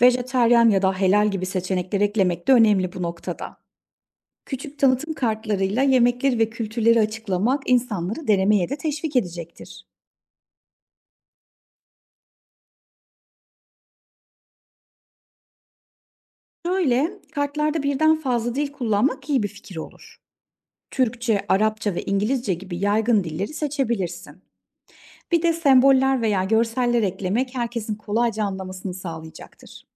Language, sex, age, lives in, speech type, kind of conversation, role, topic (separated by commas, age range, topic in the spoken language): Turkish, female, 35-39, Germany, read, expert-assistant, expert, Farklı kültürlerin olduğu bir mahallede ortak bir etkinliği nasıl kolayca planlayabilirim?